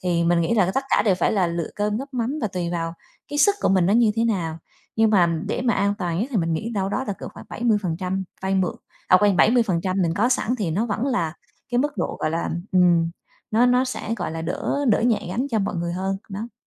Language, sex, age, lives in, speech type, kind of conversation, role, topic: Vietnamese, female, 35-39, Vietnam, conversation, podcast, guest, Bạn nghĩ sao về việc mua nhà sớm so với thuê nhà lâu dài?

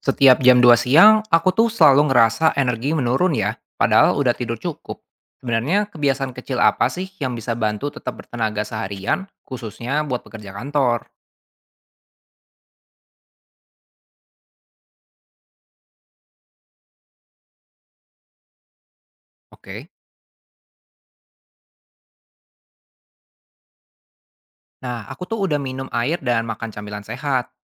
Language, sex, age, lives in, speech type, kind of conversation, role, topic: Indonesian, male, 25-29, Indonesia, read, expert-assistant, asker, Apa saja kebiasaan kecil yang bisa bikin tubuh kita lebih bertenaga sepanjang hari?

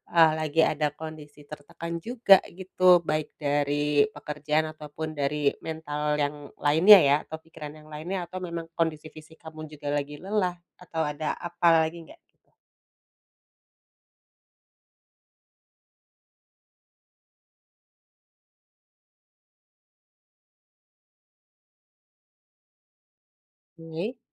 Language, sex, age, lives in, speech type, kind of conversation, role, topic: Indonesian, female, 35-39, Indonesia, conversation, advice, advisor, Bagaimana cara belajar merespons dengan tenang alih-alih bereaksi cepat?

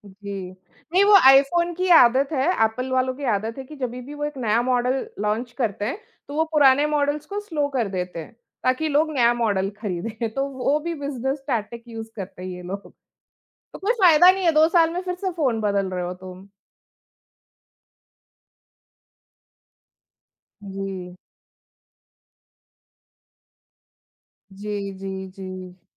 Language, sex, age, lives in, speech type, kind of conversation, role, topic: Hindi, female, 35-39, India, conversation, unstructured, none, क्रेडिट कार्ड का सही और गलत इस्तेमाल क्या होता है?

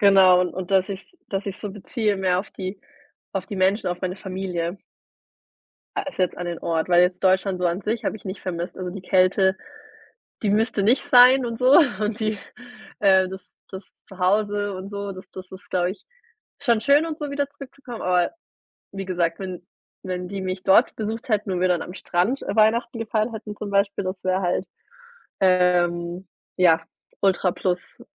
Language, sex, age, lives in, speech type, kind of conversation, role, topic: German, female, 30-34, Germany, conversation, podcast, guest, Was bedeutet Heimat für dich heute?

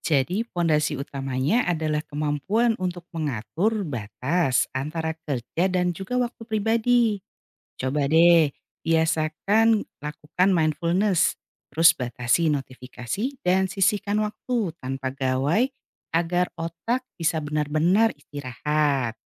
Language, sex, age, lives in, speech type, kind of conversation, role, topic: Indonesian, female, 45-49, Indonesia, read, expert-assistant, expert, Bagaimana Cara Menjaga Kesehatan Mental di Era Digital yang Serba Cepat?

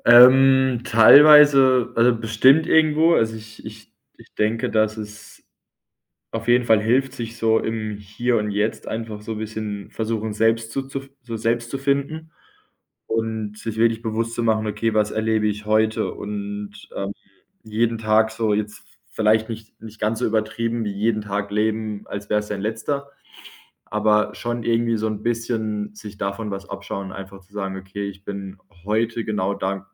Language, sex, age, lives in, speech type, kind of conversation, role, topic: German, male, 25-29, Germany, conversation, advice, user, Wie blockiert dich der Vergleich mit anderen in deinem eigenen Schaffen?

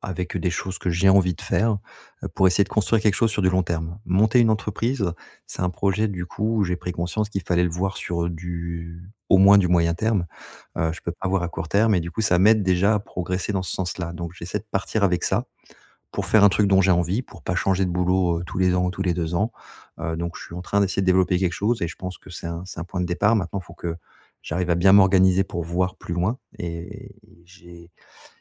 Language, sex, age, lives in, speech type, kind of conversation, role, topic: French, male, 40-44, France, conversation, advice, user, Comment puis-je ajuster mon plan de vie à long terme ?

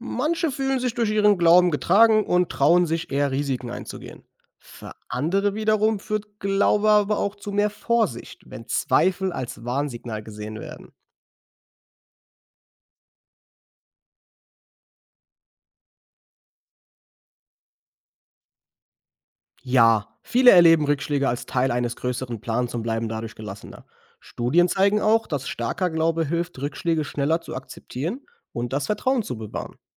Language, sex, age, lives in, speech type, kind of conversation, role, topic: German, male, 25-29, Germany, read, expert-assistant, expert, Wie beeinflusst der Glaube unsere Entscheidungen?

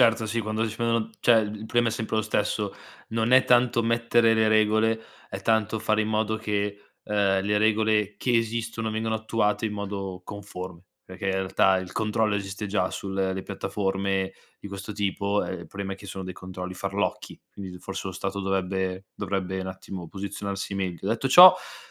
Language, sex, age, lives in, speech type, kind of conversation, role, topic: Italian, male, 25-29, Italy, conversation, podcast, host, In che modo i social network hanno cambiato il modo di seguire le celebrità?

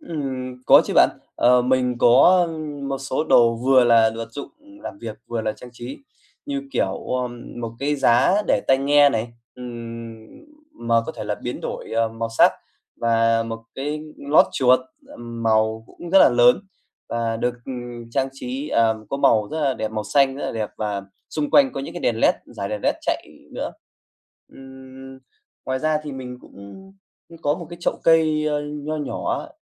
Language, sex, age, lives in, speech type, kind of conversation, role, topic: Vietnamese, male, 25-29, Vietnam, conversation, podcast, guest, Bạn sắp xếp không gian ở nhà như thế nào để làm việc hiệu quả hơn?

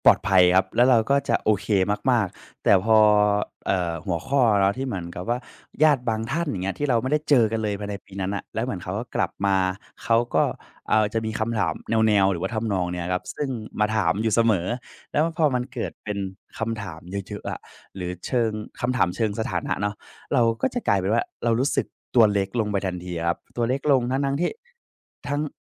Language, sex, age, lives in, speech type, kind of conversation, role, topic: Thai, male, 20-24, Thailand, conversation, advice, user, ควรทำอย่างไรเมื่อรู้สึกเหมือนถูกตัดสินเวลาไปงานเลี้ยงกับเพื่อน ๆ?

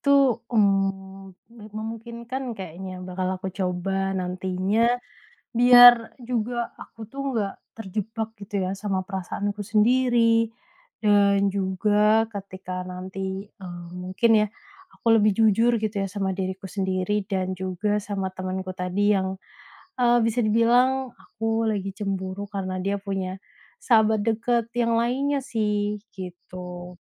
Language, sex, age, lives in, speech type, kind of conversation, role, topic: Indonesian, female, 30-34, Indonesia, conversation, advice, user, Mengapa saya merasa cemburu ketika sahabat dekat saya punya lingkaran pertemanan baru?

